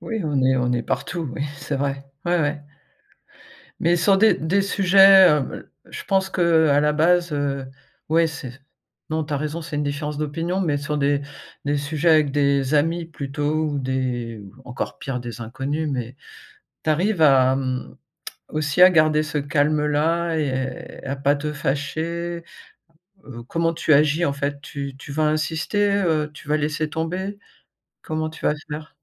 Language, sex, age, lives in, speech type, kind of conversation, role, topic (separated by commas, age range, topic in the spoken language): French, female, 55-59, France, conversation, podcast, host, Comment gérer les différences d’opinion sans se fâcher ?